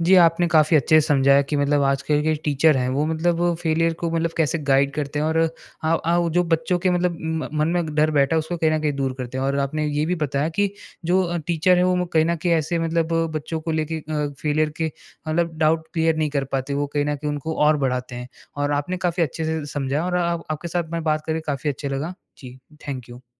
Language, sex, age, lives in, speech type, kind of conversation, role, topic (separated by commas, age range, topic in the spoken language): Hindi, male, 20-24, India, conversation, podcast, host, Kya aapke teachers ya mentors ne kabhi aapko failure se nikalne mein guide kiya hai, aur kaise?